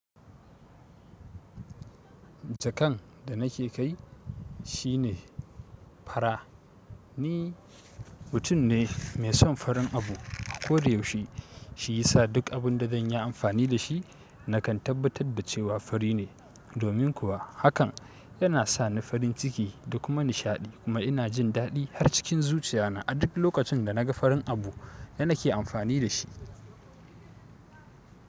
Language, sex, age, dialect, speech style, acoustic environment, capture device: Hausa, male, 18-24, Nigeria - Kano, happy, silence, mobile / Linux / Chrome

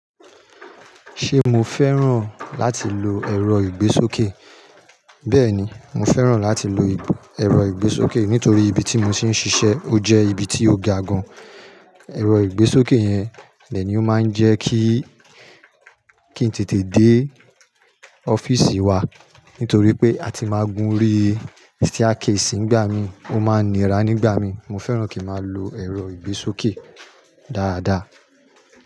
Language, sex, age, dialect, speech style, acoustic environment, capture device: Yoruba, male, 35-44, Nigeria - Nigerian Standard, happy; anxious; nervous; excited, people talking; construction; nature, mobile / Linux / Chrome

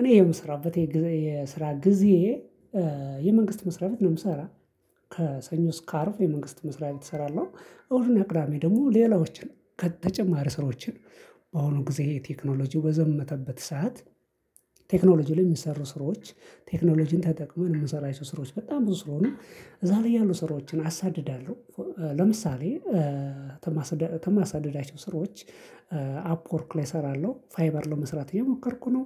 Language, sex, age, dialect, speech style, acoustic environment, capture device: Amharic, male, 25-34, Ethiopia - Addis Ababa, focused, silence, mobile / Linux / Chrome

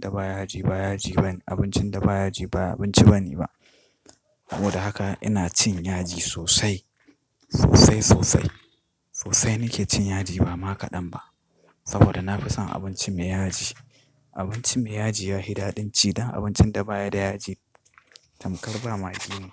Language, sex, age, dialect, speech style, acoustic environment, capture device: Hausa, male, 25-34, Nigeria - Katsina, relaxed, traffic, desktop / Linux / Chrome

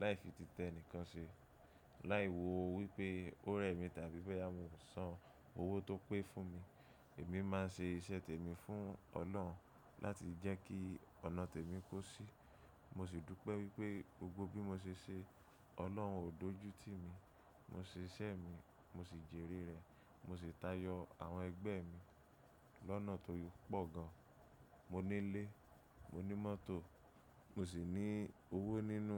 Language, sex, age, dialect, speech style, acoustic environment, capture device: Yoruba, male, 25-34, Nigeria - Lagos Yoruba, anxious, appliances, mobile / macOS / Safari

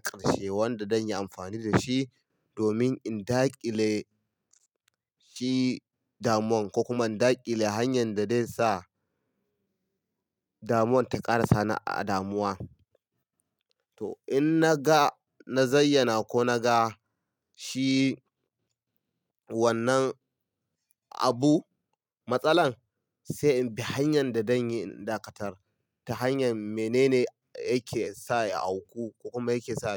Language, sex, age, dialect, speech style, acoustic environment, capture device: Hausa, male, 25-34, Nigeria - Kano, happy; relaxed; excited; focused, silence; nature, mobile / Linux / Chrome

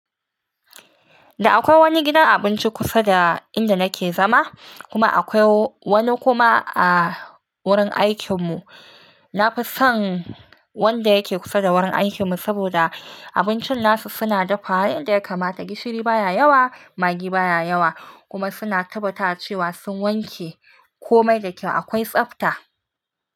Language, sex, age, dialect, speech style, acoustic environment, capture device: Hausa, female, 25-34, Nigeria - Nigerian Standard, relaxed, silence, mobile / Linux / Chrome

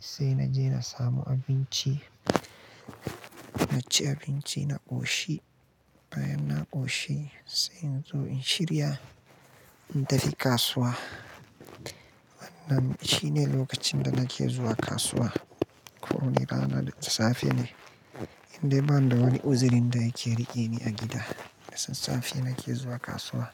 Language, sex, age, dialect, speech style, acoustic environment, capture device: Hausa, male, 25-34, Nigeria - Kano, anxious, silence, mobile / Linux / Chrome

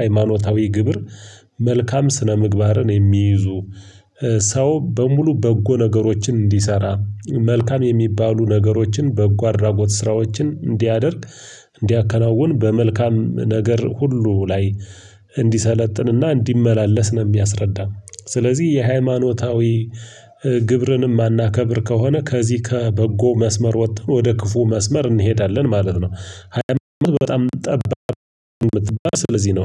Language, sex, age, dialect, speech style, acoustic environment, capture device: Amharic, male, 25-34, Ethiopia - Gojjam, tired, silence, mobile / Linux / Chrome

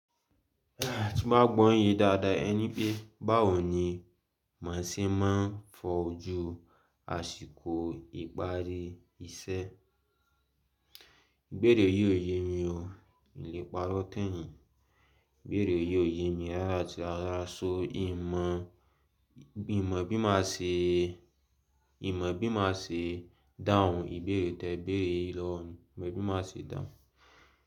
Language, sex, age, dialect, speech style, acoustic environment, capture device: Yoruba, male, 18-24, Nigeria - Lagos, relaxed, music, mobile / Linux / Chrome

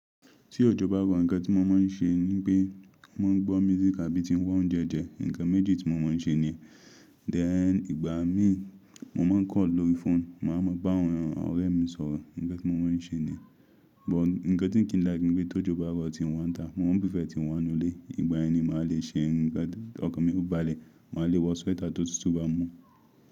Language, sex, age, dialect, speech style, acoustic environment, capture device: Yoruba, male, 25-34, Nigeria - Ibadan, happy, silence, mobile / Linux / Chrome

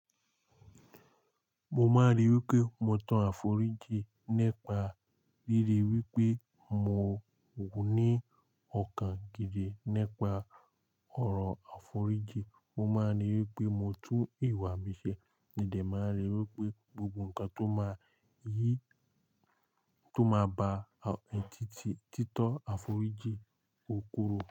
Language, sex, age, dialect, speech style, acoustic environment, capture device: Yoruba, male, 18-24, Nigeria - Lagos Yoruba, relaxed, nature, mobile / Linux / Chrome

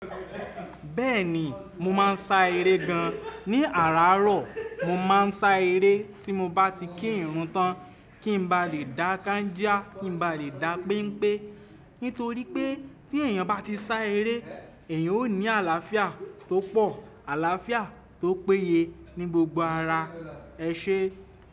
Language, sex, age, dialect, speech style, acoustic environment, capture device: Yoruba, male, 25-34, Nigeria - Lagos Yoruba, happy; anxious, appliances, desktop / Windows / Chrome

